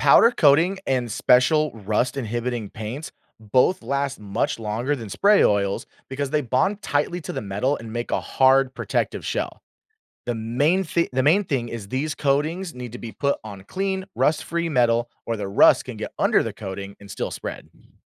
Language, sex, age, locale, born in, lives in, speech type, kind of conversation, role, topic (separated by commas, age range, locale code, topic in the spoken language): English, male, 25-29, en-US, United States, United States, read, expert-assistant, expert, What causes metal to rust over time?